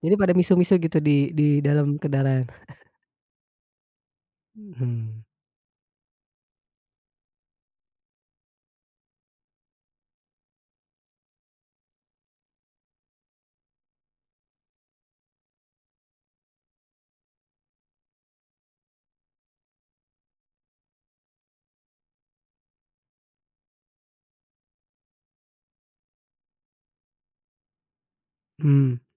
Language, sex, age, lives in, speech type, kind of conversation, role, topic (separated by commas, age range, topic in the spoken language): Indonesian, female, 35-39, Indonesia, conversation, podcast, host, Bagaimana biasanya konflik antargenerasi muncul di keluargamu?